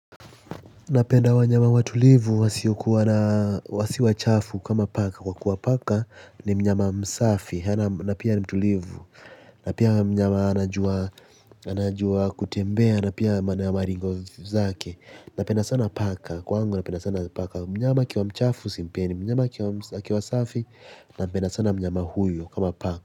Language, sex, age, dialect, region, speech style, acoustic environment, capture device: Swahili, male, 18-24, Kenya - Mombasa, Kenya, relaxed, silence, mobile / Linux / Chrome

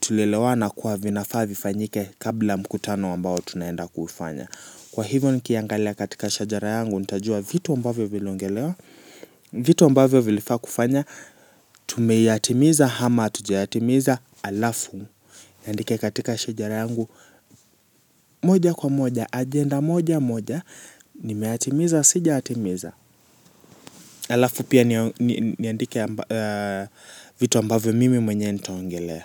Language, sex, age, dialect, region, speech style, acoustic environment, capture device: Swahili, male, 18-24, Kenya - Nairobi Sheng-influenced, Kenya, relaxed, appliances, mobile / Linux / Chrome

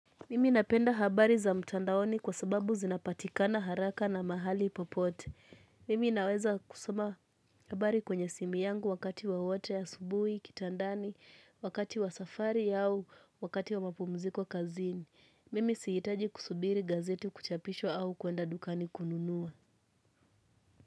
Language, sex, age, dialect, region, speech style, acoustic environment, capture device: Swahili, female, 18-24, Kenya - Mombasa, Kenya, relaxed; nervous; focused, people talking; music; nature; appliances; silence, mobile / Linux / Chrome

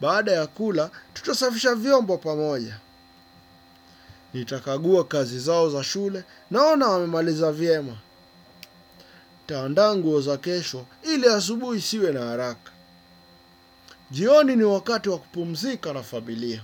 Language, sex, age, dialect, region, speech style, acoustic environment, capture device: Swahili, male, 25-34, Kenya - Nairobi Sheng-influenced, Kenya, focused, nature, desktop / Windows / Chrome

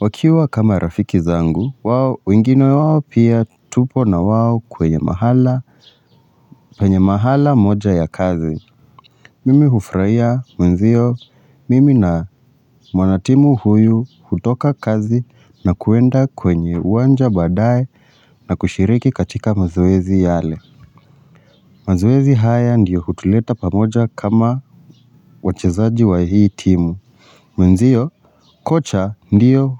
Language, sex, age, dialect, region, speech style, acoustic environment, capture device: Swahili, male, 25-34, Kenya - Nairobi Sheng-influenced, Kenya, relaxed, silence, mobile / Linux / Chrome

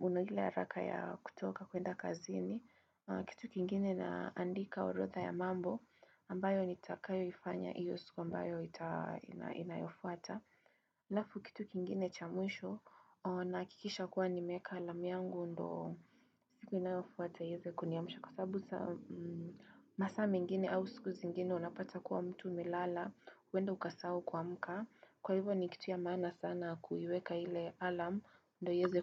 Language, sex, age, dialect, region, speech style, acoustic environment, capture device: Swahili, female, 18-24, Kenya - Nairobi Sheng-influenced, Kenya, nervous; focused, people talking; construction, desktop / Windows / Chrome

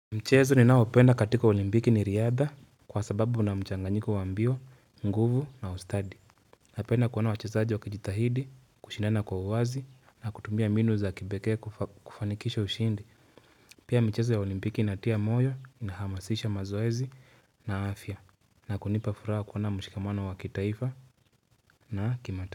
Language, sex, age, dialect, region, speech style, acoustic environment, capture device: Swahili, male, 25-34, Kenya - Nairobi Sheng-influenced, Kenya, relaxed, nature, mobile / Linux / Chrome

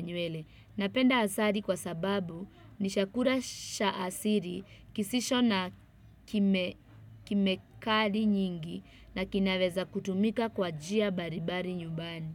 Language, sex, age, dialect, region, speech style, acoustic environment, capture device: Swahili, female, 25-34, Kenya - Nairobi Sheng-influenced, Kenya, happy, people talking, desktop / Windows / Chrome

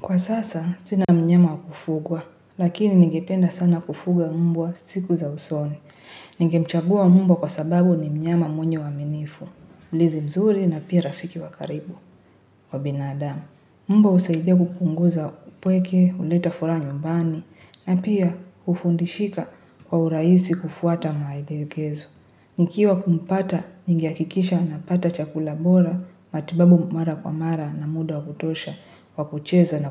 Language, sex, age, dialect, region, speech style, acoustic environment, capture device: Swahili, female, 18-24, Kenya - Nairobi Sheng-influenced, Kenya, happy, people talking, mobile / Linux / Chrome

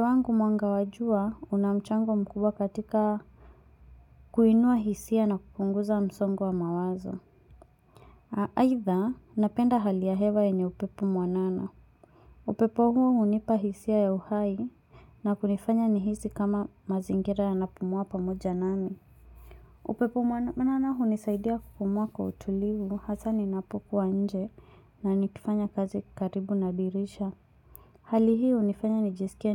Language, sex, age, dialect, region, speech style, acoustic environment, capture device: Swahili, female, 25-34, Kenya - Mombasa, Kenya, focused, silence, mobile / Linux / Chrome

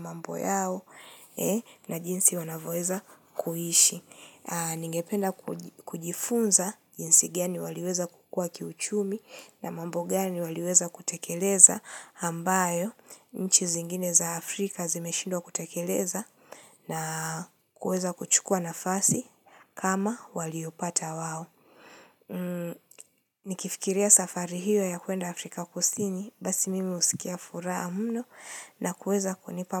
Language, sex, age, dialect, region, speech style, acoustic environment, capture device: Swahili, female, 25-34, Kenya - Nairobi Sheng-influenced, Kenya, relaxed; focused, silence, mobile / Linux / Chrome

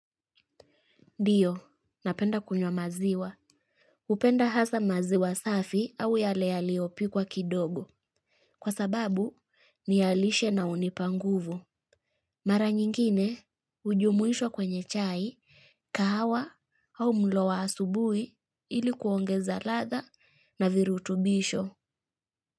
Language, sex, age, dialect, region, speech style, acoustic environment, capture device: Swahili, female, 25-34, Kenya - Kitui, Kenya, happy; relaxed, people talking; traffic; music, mobile / Linux / Chrome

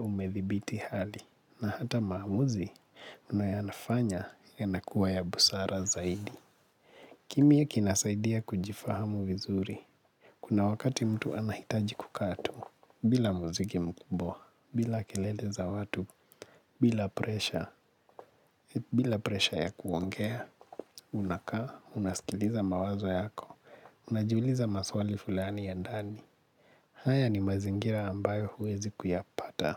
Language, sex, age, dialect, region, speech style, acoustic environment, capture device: Swahili, male, 25-34, Kenya - Nairobi Sheng-influenced, Kenya, relaxed, silence; nature; appliances, mobile / Linux / Chrome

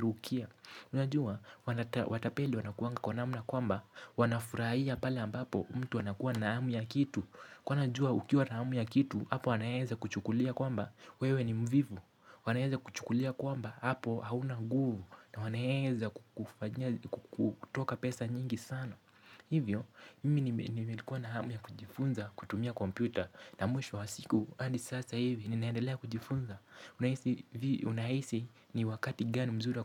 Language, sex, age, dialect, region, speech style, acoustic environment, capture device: Swahili, male, 18-24, Kenya - Nairobi Sheng-influenced, Kenya, tired; relaxed; focused, construction; music; silence; people talking; nature, mobile / Linux / Chrome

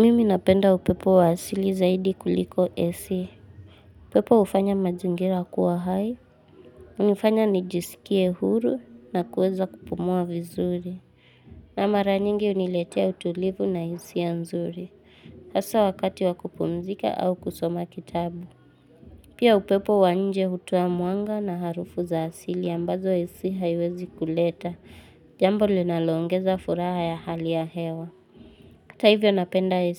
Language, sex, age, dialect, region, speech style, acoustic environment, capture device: Swahili, female, 18-24, Kenya - Nairobi Sheng-influenced, Kenya, anxious, silence, mobile / Linux / Chrome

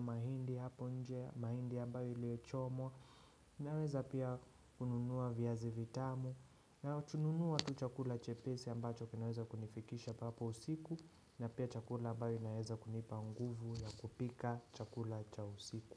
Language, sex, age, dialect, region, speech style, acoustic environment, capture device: Swahili, male, 25-34, Kenya - Nairobi Sheng-influenced, Kenya, relaxed; focused, nature; silence, desktop / Windows / Chrome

